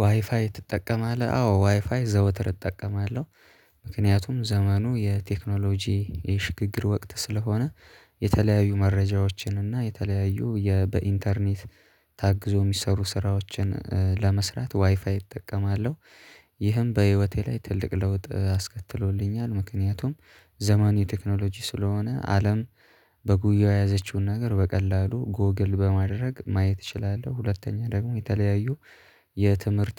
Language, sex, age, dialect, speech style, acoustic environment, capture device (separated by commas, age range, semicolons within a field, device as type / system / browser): Amharic, male, 18-24, Ethiopia - Addis Ababa, happy, silence, mobile / Linux / Chrome